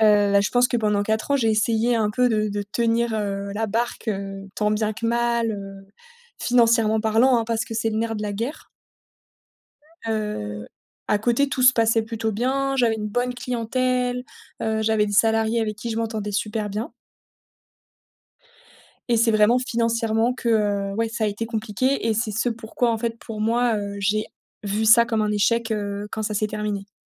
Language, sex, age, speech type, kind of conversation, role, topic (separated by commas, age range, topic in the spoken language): French, female, 30-34, conversation, podcast, guest, Comment te remets-tu d’un échec professionnel, franchement ?